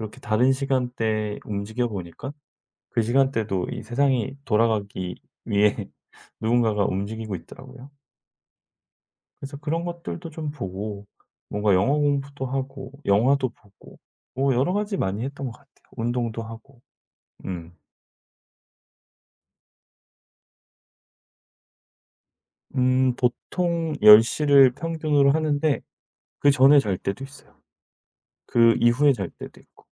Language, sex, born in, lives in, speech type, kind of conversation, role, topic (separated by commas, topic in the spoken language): Korean, male, South Korea, South Korea, conversation, podcast, guest, 한동안 사실이라고 믿었던 상식이 틀렸다는 걸 알고 다시 배우게 된 경험이 있으신가요?